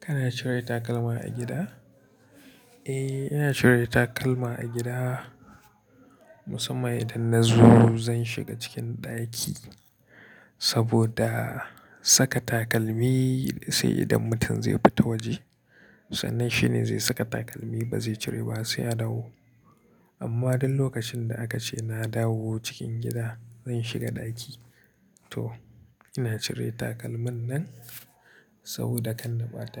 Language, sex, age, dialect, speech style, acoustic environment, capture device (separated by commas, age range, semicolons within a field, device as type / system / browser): Hausa, male, 25-34, Nigeria - Kano, happy; relaxed; excited, people talking; nature, mobile / Linux / Chrome